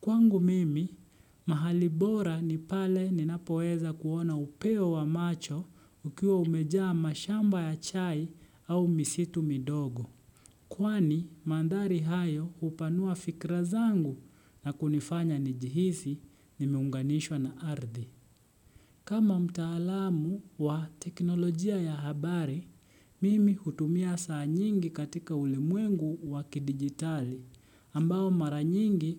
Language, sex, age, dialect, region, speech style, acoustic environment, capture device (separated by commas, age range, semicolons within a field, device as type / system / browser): Swahili, male, 25-34, Kenya - Nairobi Sheng-influenced, Kenya, relaxed, silence, desktop / Windows / Chrome